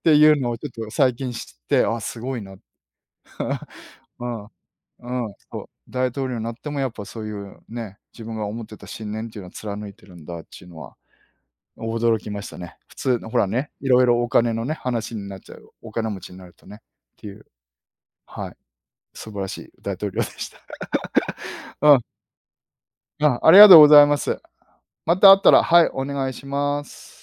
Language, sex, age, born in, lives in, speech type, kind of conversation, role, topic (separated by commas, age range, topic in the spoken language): Japanese, male, 50-54, Japan, Japan, conversation, unstructured, none, 歴史の中で最も驚いた出来事は何ですか？